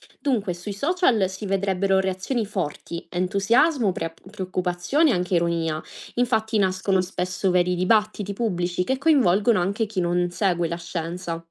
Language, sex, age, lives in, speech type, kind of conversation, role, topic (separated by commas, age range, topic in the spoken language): Italian, female, 25-29, Italy, read, expert-assistant, expert, Se scoprissimo vita su un altro pianeta, cambierebbe il modo in cui vediamo noi stessi?